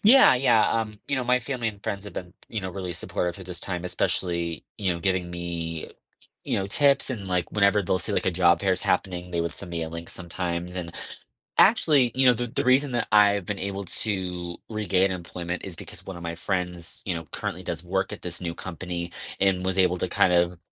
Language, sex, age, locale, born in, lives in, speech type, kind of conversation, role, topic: English, male, 30-34, en-US, United States, United States, conversation, advice, user, How can I cope with recent job loss and find work?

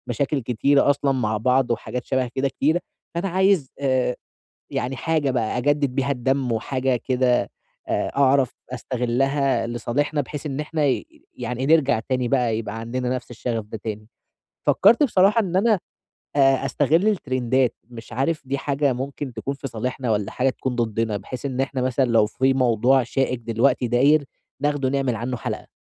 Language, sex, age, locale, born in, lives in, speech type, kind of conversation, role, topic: Arabic, male, 20-24, ar-EG, Egypt, Egypt, conversation, advice, user, إزاي فريقي يقدر يتخطّى جمود الإبداع ويطلّع أفكار جديدة؟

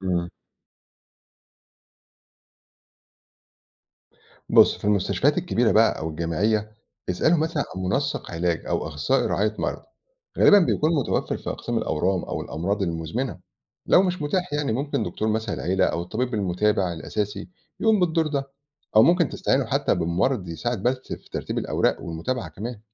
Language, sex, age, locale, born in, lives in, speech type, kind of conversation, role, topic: Arabic, male, 40-44, ar-EG, Egypt, Portugal, read, expert-assistant, expert, لو فيه مريض محتاج متابعة من كذا تخصص، إيه أنسب طريقة لتنظيم التعاون بين الدكاترة؟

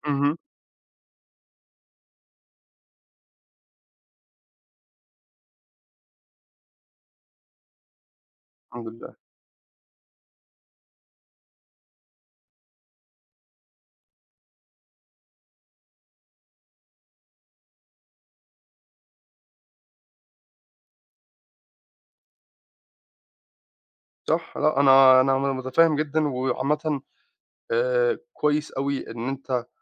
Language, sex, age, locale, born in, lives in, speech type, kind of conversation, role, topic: Arabic, male, 25-29, ar-EG, Egypt, United States, conversation, advice, advisor, إزاي بتوصف إحساسك إنك بقيت بعيد عن صحابك القدام؟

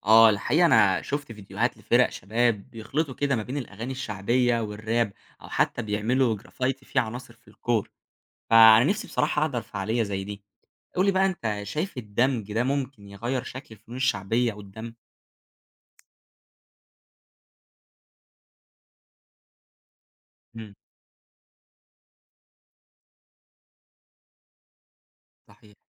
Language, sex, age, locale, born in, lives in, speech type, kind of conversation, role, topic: Arabic, male, 20-24, ar-EG, Egypt, Egypt, read, expert-assistant, asker, لو عايز أتعرف أكتر على الفنون الشعبية في مصر، أبتدي منين وأدور على إيه؟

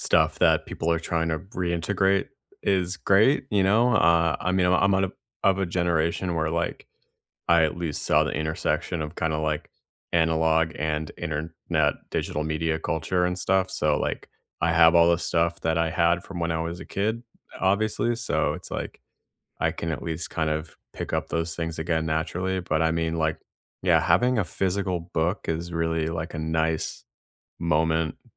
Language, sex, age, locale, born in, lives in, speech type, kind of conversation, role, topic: English, male, 30-34, en-US, United States, United States, conversation, unstructured, none, If you had more free time each week, what would you add to feel calmer and happier?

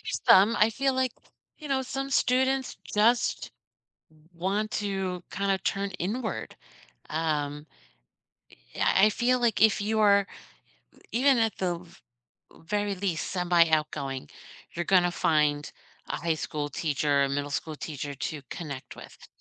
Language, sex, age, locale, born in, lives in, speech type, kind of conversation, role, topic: English, female, 50-54, en-US, United States, United States, conversation, unstructured, none, What small acts of care from teachers—like remembering your name, leaving a quick note, or checking in—shaped your experience?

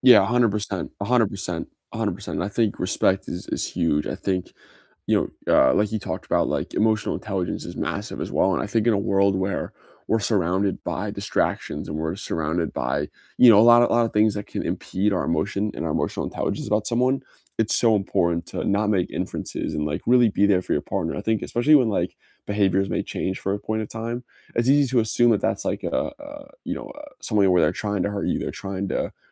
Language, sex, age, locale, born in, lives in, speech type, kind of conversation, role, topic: English, male, 20-24, en-US, United States, United States, conversation, unstructured, none, What makes a relationship last?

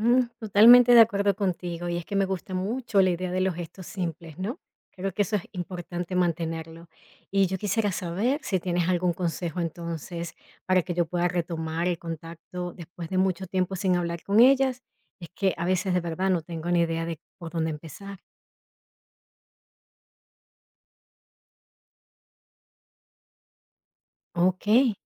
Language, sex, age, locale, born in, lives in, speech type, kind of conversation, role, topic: Spanish, female, 40-44, es-VE, Venezuela, United States, read, expert-assistant, asker, ¿Cómo puedo organizar mejor mi agenda para mantenerme en contacto con mis amigos y familiares sin sentirme abrumado?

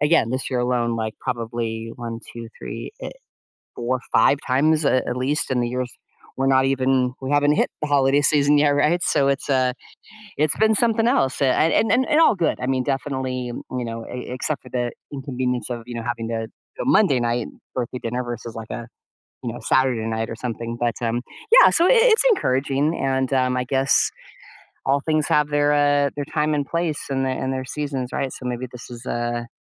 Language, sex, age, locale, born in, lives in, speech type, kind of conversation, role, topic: English, female, 55-59, en-US, United States, United States, conversation, advice, user, How can I keep resolving conflicts peacefully and use that to strengthen my relationships?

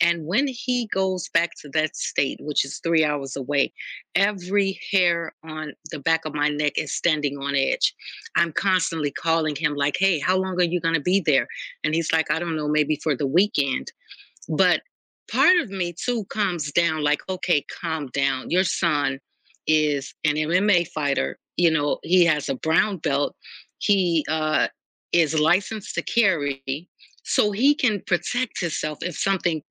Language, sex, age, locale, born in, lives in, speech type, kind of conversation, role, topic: English, female, 45-49, en-US, United States, United States, conversation, podcast, guest, How do you decide which worries deserve your attention and which ones to let go?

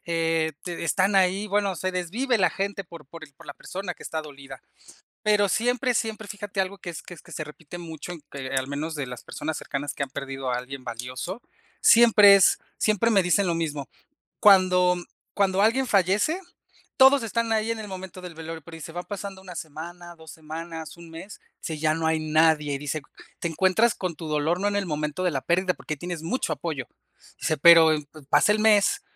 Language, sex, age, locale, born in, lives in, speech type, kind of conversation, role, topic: Spanish, male, 35-39, es-MX, Mexico, Mexico, conversation, unstructured, none, ¿Qué crees que ayuda a sobrellevar el dolor después de una muerte?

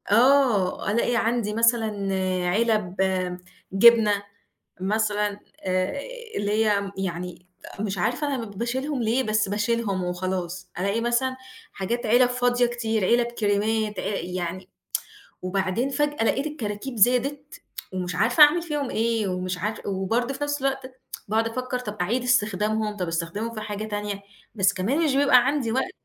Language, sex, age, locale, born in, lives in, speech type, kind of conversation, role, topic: Arabic, female, 35-39, ar-EG, Egypt, Egypt, conversation, advice, user, إزاي أقدر أتخلص من حاجات ليها قيمة عاطفية عندي من غير ما أحس بالذنب؟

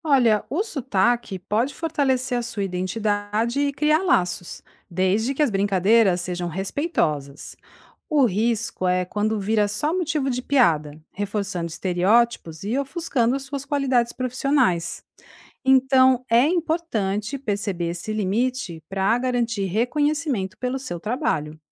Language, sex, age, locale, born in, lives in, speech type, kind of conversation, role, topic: Portuguese, female, 45-49, pt-BR, Brazil, Italy, read, expert-assistant, expert, Ter sotaque diferente pode afetar minha carreira em São Paulo?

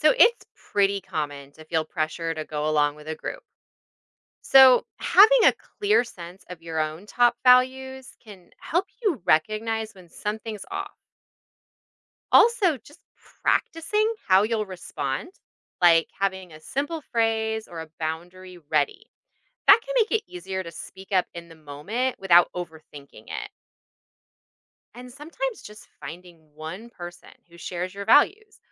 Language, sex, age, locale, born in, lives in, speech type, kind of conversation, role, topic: English, female, 40-44, en-US, United States, United States, read, expert-assistant, expert, How do personal values influence daily decisions?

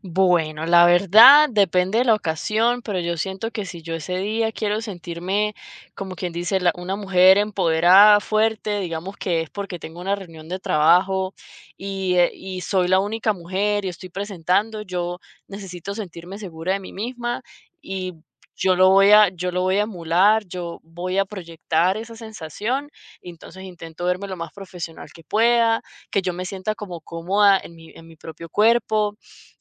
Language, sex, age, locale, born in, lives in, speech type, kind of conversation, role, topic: Spanish, female, 30-34, es-VE, Venezuela, United States, conversation, podcast, guest, ¿Cómo te vistes para sentirte segura?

